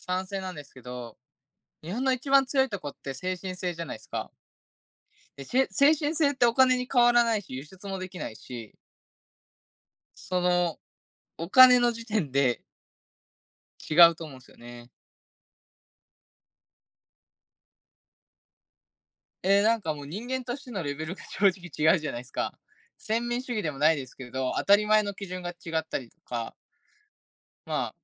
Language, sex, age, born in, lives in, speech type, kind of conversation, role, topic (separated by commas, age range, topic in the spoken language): Japanese, male, 20-24, Japan, Japan, conversation, unstructured, none, ニュースを見て、将来のことについて考えたことはありますか？